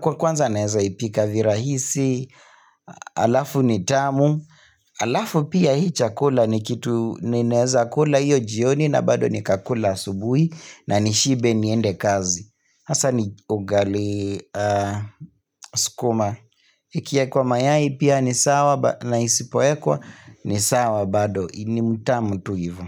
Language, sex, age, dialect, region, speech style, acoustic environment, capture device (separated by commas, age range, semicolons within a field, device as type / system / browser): Swahili, male, 25-34, Kenya - Nairobi Sheng-influenced, Kenya, happy, silence, mobile / Linux / Chrome